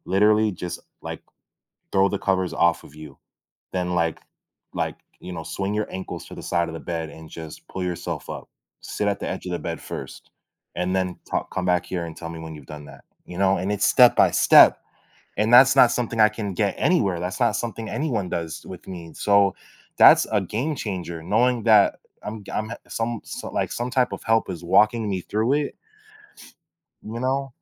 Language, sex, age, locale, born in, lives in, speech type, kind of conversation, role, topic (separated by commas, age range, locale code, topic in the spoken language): English, male, 25-29, en-US, United States, United States, conversation, podcast, guest, How do you approach making difficult decisions when you feel uncertain?